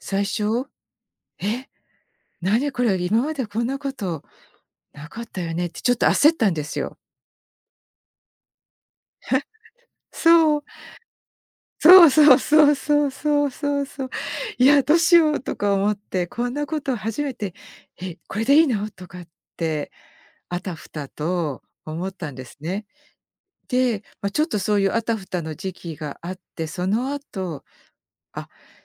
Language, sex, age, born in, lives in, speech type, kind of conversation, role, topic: Japanese, female, 55-59, Japan, United States, conversation, podcast, guest, バーンアウトに自分で気づくにはどうすればいいですか？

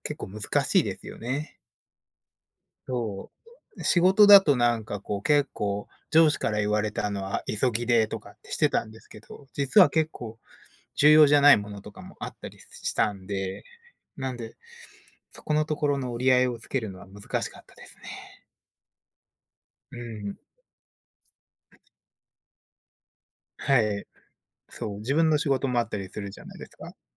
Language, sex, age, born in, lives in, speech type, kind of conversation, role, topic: Japanese, male, 30-34, Japan, United States, conversation, unstructured, none, 日常生活で時間をうまく管理するコツは何ですか？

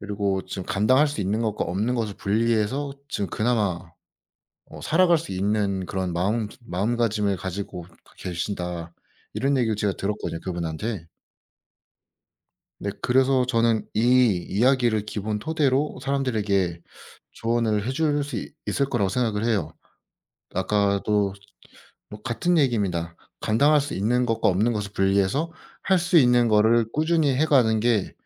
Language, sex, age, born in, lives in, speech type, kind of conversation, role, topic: Korean, male, 25-29, South Korea, South Korea, conversation, unstructured, none, 누군가가 정신적으로 힘들어 보일 때 어떻게 도와줄 수 있을까요?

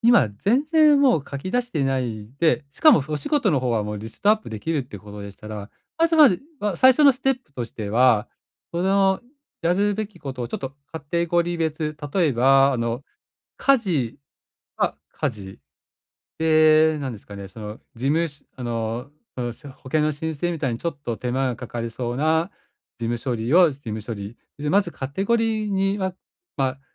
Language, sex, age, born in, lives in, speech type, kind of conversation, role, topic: Japanese, male, 45-49, Japan, Japan, conversation, advice, advisor, 雑務をまとめて処理して作業時間を短縮するには、どのように始めればよいですか？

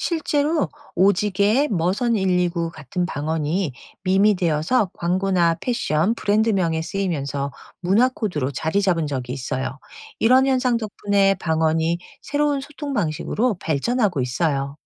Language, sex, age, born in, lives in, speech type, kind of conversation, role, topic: Korean, female, 50-54, South Korea, United States, read, expert-assistant, expert, 사라져가는 언어를 지키는 일이 우리 사회에 어떤 이점을 가져올까요? 그리고 어려운 점은 무엇일까요?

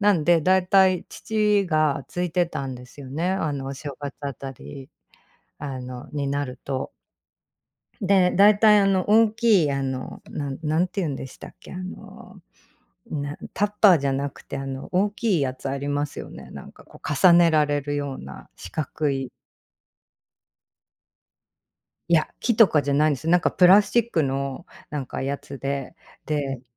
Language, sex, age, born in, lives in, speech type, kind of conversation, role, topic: Japanese, female, 45-49, Japan, United States, conversation, unstructured, none, 思い出のある伝統料理は何ですか？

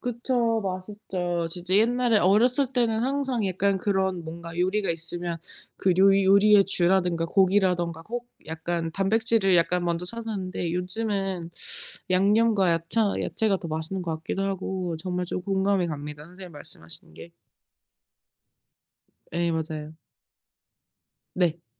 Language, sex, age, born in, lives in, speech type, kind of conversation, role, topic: Korean, female, 35-39, South Korea, United States, conversation, unstructured, none, 최근에 맛있게 먹은 음식이 있나요?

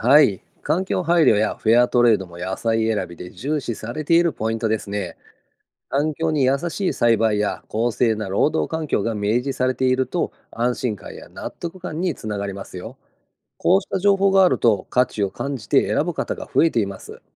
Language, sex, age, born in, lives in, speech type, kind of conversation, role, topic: Japanese, male, 50-54, Japan, Japan, read, expert-assistant, expert, 『有機栽培の野菜は普通の野菜よりも栄養価が高い』という話は事実ですか？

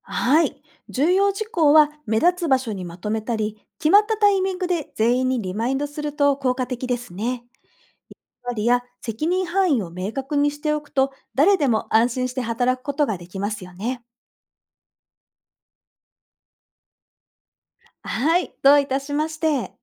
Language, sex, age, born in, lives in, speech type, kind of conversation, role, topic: Japanese, female, 40-44, Japan, Japan, read, expert-assistant, expert, 現場の負担を減らしスタッフが協力しやすくするにはどうすればいいですか？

